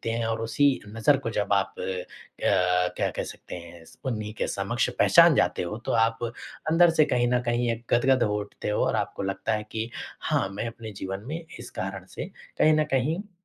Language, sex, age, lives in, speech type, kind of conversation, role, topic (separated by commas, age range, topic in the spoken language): Hindi, male, 25-29, India, conversation, podcast, guest, रोज़मर्रा की छोटी-छोटी आदतों ने आपको कैसे बदला है?